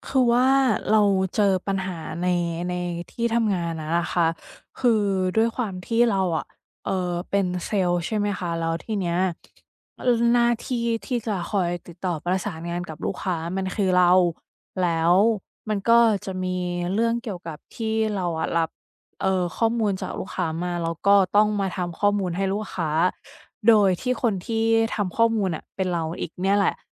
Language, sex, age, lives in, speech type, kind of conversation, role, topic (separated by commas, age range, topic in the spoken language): Thai, female, 35-39, Thailand, conversation, advice, user, เราจะทำอย่างไรให้การสื่อสารภายในทีมชัดเจนขึ้นเพื่อให้งานสร้างสรรค์เดินหน้าต่อได้?